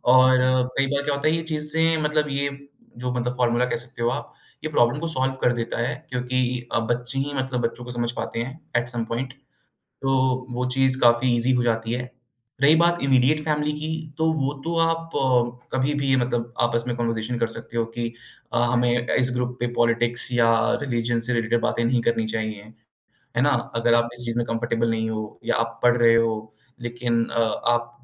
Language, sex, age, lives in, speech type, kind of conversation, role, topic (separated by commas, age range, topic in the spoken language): Hindi, male, 25-29, India, conversation, podcast, guest, Group chats ko manage karne ke liye tum kaunse rules banate ho?